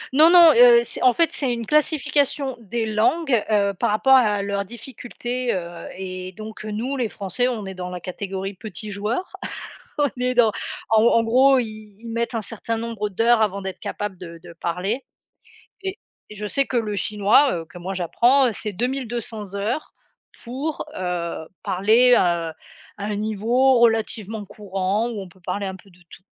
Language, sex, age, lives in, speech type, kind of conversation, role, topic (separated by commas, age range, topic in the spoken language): French, female, 35-39, France, conversation, unstructured, none, Comment votre culture perçoit-elle l’apprentissage des langues ?